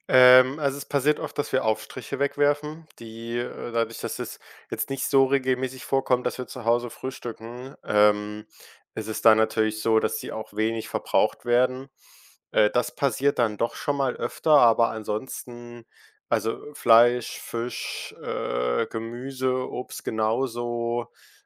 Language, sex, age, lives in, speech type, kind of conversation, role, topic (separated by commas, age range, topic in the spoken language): German, male, 18-19, Germany, conversation, podcast, guest, Wie gehst du zu Hause mit Lebensmittelverschwendung um?